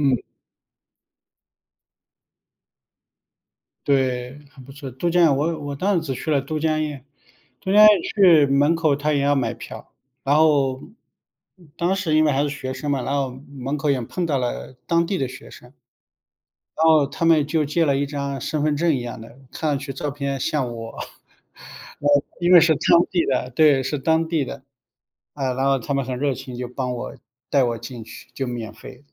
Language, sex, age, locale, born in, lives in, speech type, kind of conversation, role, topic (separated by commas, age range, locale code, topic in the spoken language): Chinese, male, 45-49, zh-CN, China, United States, conversation, unstructured, none, 你觉得旅游时被宰客是一个常见问题吗？